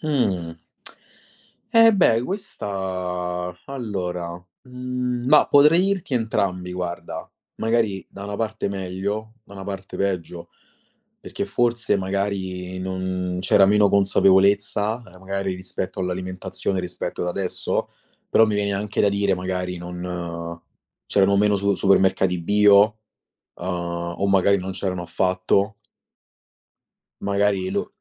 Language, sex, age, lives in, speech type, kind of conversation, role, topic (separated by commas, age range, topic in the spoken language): Italian, male, 25-29, Italy, conversation, podcast, guest, Hai consigli pratici per mangiare bene quando hai poco tempo?